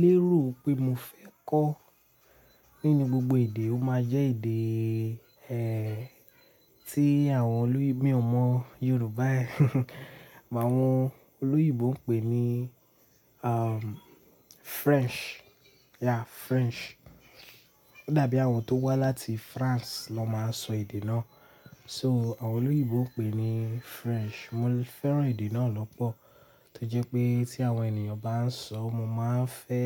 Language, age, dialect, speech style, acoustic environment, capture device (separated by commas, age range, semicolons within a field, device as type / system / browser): Yoruba, 18-24, Nigeria - Lagos Yoruba, relaxed, people talking, mobile / Linux / Chrome